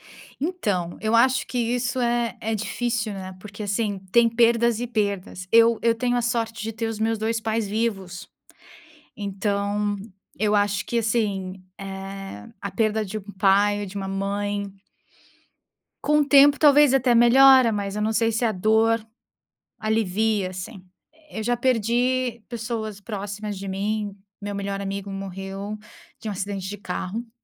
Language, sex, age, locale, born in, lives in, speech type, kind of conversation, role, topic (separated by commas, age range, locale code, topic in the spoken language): Portuguese, female, 30-34, pt-BR, Brazil, United States, conversation, unstructured, none, Como você lida com a perda de alguém próximo?